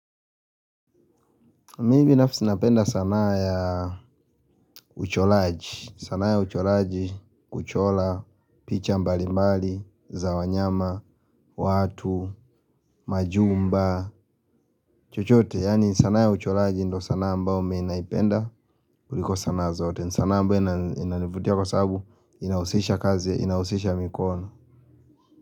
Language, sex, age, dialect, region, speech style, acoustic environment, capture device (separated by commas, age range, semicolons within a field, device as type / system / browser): Swahili, male, 25-34, Kenya - Nairobi Sheng-influenced, Kenya, relaxed, silence, mobile / Linux / Chrome